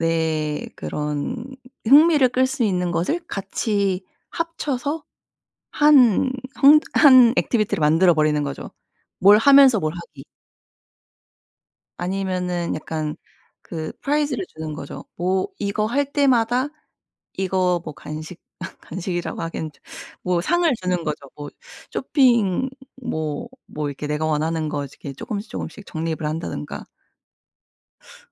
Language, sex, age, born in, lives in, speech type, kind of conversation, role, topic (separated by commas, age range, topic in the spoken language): Korean, female, 30-34, South Korea, United States, conversation, advice, advisor, 짧게라도 매일 꾸준히 하지 못하는 습관을 어떻게 하면 꾸준히 만들 수 있을까요?